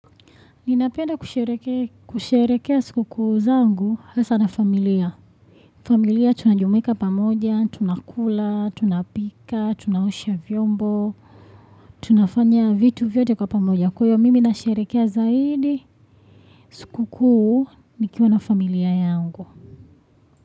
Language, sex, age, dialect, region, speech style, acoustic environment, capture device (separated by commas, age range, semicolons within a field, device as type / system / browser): Swahili, female, 25-34, Tanzania - Dar es Salaam, Tanzania, happy, people talking; nature, desktop / Windows / Chrome